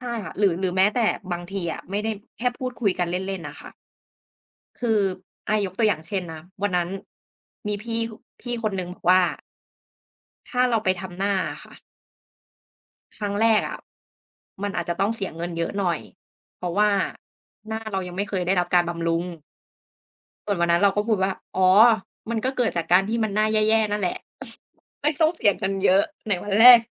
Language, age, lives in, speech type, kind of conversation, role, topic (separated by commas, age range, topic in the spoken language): Thai, 30-34, Thailand, conversation, unstructured, none, คุณคิดว่าการสื่อสารอย่างเปิดใจช่วยให้ความรักดีขึ้นไหม?